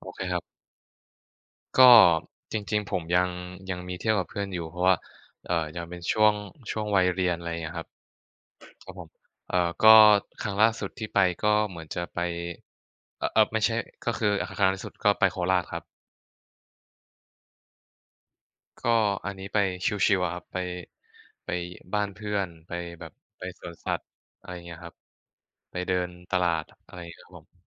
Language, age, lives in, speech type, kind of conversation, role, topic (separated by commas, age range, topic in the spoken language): Thai, 20-24, Thailand, conversation, unstructured, none, ถ้าคุณต้องอธิบายเหตุผลว่าทำไมทุกคนควรท่องเที่ยว คุณจะพูดว่าอะไร?